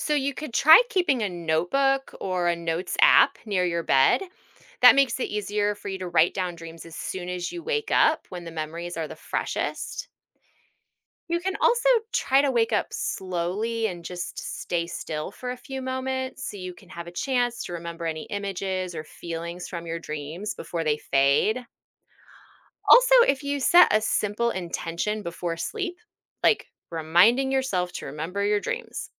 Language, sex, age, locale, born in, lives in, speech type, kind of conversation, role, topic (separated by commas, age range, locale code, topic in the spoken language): English, female, 40-44, en-US, United States, United States, read, expert-assistant, expert, How do dreams impact creativity?